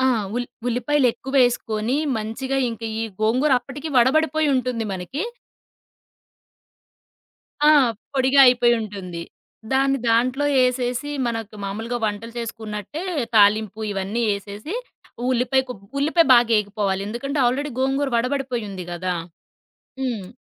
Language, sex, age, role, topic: Telugu, female, 30-34, guest, మీకు సుఖం ఇచ్చే వంటకం ఏది, ఎందుకు?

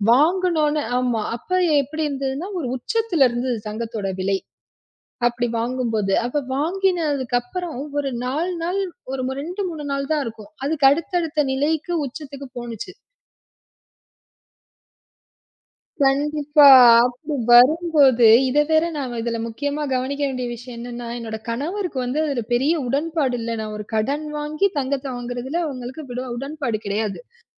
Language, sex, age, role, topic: Tamil, female, 35-39, guest, மனஅழுத்தம் அதிகரிக்கும் போது நீங்கள் அமைதியாக இருக்க என்ன செய்கிறீர்கள்?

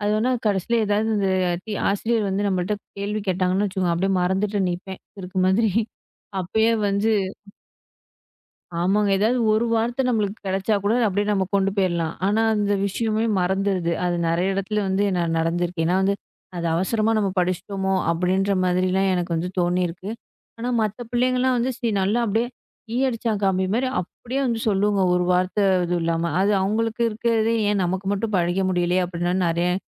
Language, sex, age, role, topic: Tamil, female, 25-29, host, புதிய விஷயங்களை வேகமாக கற்றுக்கொள்ள உங்களுக்கு உதவும் வழி என்ன?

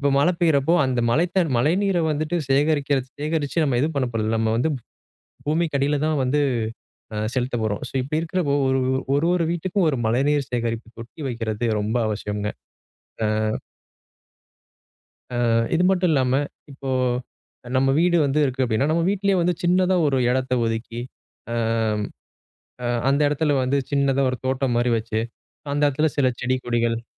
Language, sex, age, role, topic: Tamil, male, 25-29, guest, இயற்கையை பாதிக்காமல் இருக்க நீங்கள் என்ன செய்வீர்கள்?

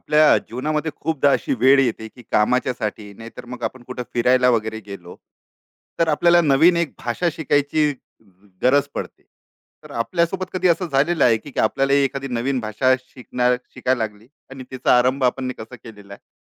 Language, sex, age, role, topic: Marathi, male, 35-39, host, तुम्ही नवीन भाषा शिकायला सुरुवात कशी कराल?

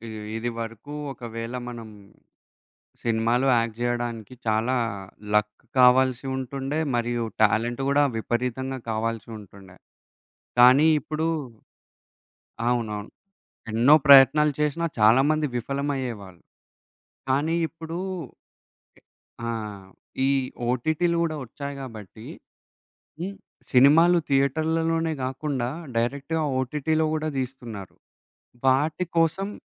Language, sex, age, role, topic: Telugu, male, 20-24, guest, ఓటీటీ వేదికలు స్థానిక సంస్కృతిపై ఎలా ప్రభావం చూపుతున్నాయని మీరు భావిస్తున్నారా?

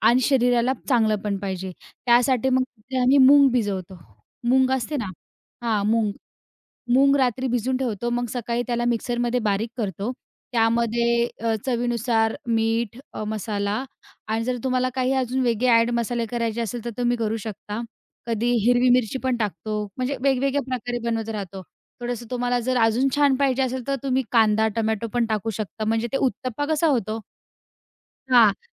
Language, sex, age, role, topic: Marathi, female, 20-24, guest, सकाळची तुमची दिनचर्या काय असते?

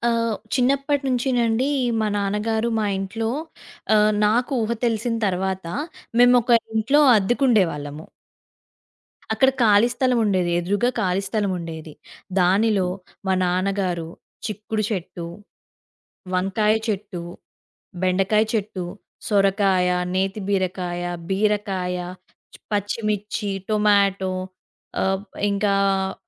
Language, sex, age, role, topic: Telugu, female, 20-24, guest, తోటలో పంటలు పెంచడం నేర్చుకోవడానికి సులభమైన చిట్కాలు ఏవి?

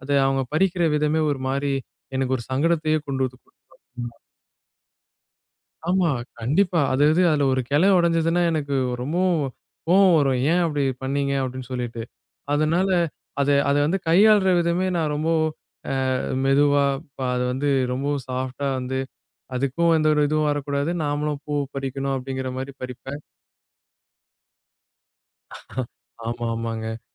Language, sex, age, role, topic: Tamil, male, 20-24, guest, மெதுவாக ஏற்பட்ட ஒரு மாற்றம் உங்கள் வாழ்க்கையை எப்படித் மாற்றியது?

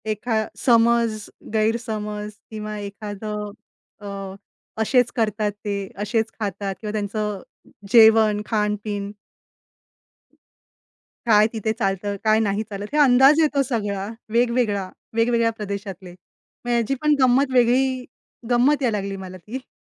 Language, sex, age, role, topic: Marathi, female, 35-39, guest, तुम्हाला लहानपणीचे कोणते आवडते छंद आठवतात?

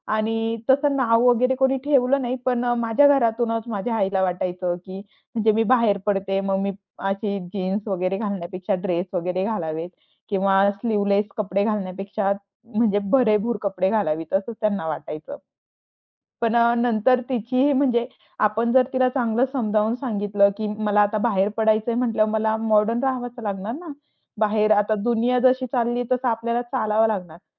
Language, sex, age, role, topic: Marathi, female, 25-29, guest, संस्कार आणि आधुनिकतेमध्ये संतुलन कसे साधता?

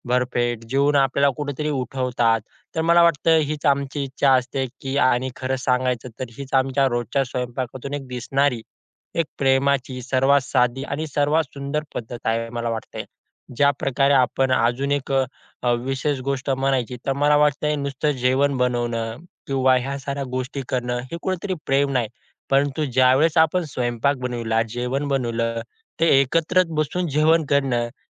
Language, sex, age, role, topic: Marathi, male, 20-24, guest, रोजच्या स्वयंपाकात प्रेम कसं दाखवतात तुम्ही?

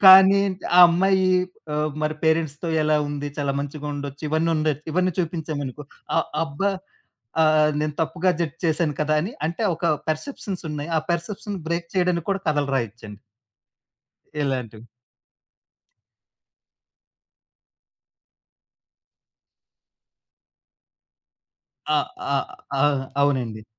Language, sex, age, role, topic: Telugu, male, 35-39, guest, వ్యక్తిగత సంఘటనలు మీ కళను ఎలా మార్చాయి?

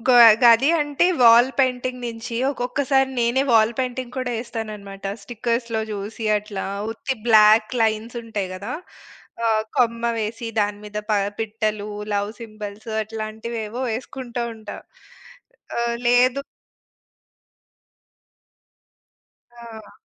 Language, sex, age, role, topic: Telugu, female, 40-44, guest, మీ సృజనాత్మక వ్యక్తిత్వాన్ని మీరు ఎలా చెప్పుకుంటారు?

